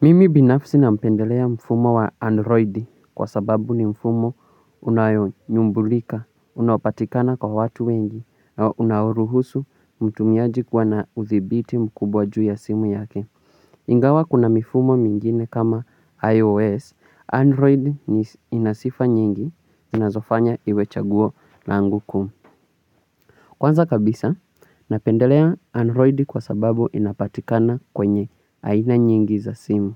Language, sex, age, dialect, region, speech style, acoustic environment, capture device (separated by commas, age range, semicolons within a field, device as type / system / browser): Swahili, male, 18-24, Kenya - Mombasa, Kenya, relaxed, nature, mobile / Linux / Chrome